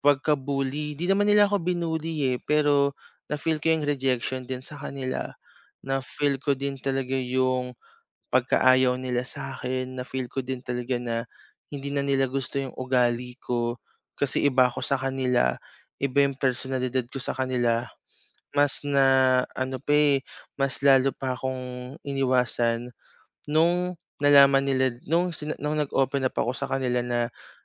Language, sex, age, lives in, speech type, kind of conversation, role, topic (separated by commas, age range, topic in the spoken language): Filipino, male, 25-29, Philippines, conversation, advice, user, Paano ko haharapin ang kritisismo at matututo mula rito?